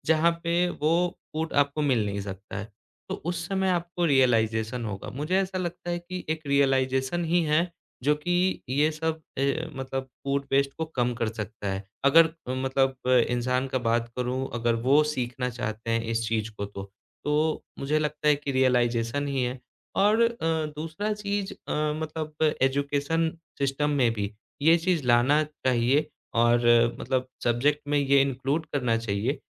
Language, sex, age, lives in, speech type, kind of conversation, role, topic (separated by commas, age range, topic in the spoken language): Hindi, male, 25-29, India, conversation, podcast, guest, Aapke hisaab se khaane ki barbaadi kam karne ke liye sabse practical tareeke kya hain?